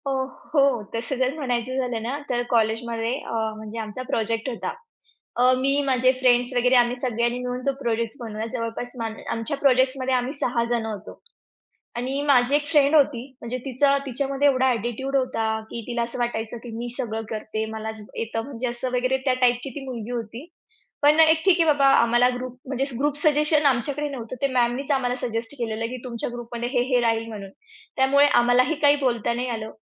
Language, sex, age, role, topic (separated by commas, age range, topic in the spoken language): Marathi, female, 40-44, guest, तू कामात प्रामाणिकपणा कसा टिकवतोस?